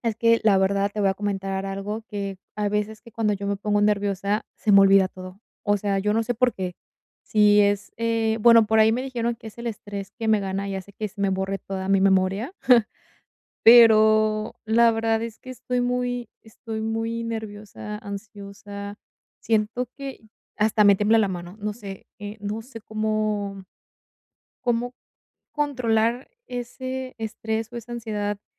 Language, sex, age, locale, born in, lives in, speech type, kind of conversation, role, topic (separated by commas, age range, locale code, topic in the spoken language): Spanish, female, 20-24, es-MX, Mexico, Mexico, conversation, advice, user, ¿Cómo puedo reducir la ansiedad antes de un evento importante?